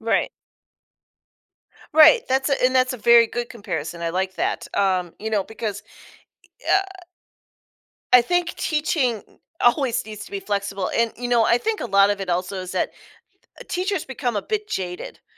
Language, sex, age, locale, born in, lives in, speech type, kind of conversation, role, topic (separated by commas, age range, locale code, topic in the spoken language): English, female, 45-49, en-US, United States, United States, conversation, podcast, host, How do teachers inspire and support students to reach their full potential?